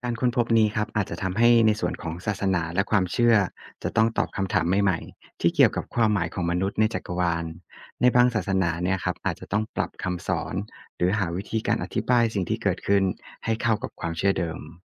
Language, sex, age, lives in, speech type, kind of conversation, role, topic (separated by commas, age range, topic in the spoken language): Thai, male, 35-39, Thailand, read, expert-assistant, expert, ถ้ามนุษย์ไม่ได้อยู่คนเดียวในจักรวาล มุมมองต่อชีวิตจะเปลี่ยนไปอย่างไรบ้าง?